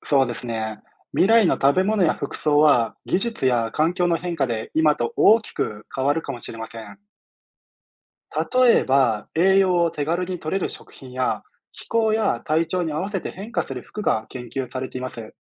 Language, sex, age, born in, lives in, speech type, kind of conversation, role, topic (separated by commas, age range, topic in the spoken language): Japanese, male, 30-34, Japan, Japan, read, expert-assistant, expert, ワープやワームホールで宇宙の果てに行くことは可能ですか？